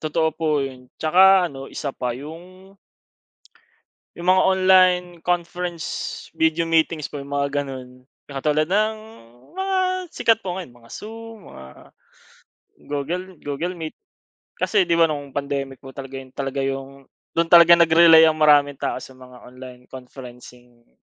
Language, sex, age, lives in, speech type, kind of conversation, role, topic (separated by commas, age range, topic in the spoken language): Filipino, male, 25-29, Philippines, conversation, unstructured, none, Ano ang pinaka-kapansin-pansing pagbabago sa teknolohiya sa nakaraang dekada?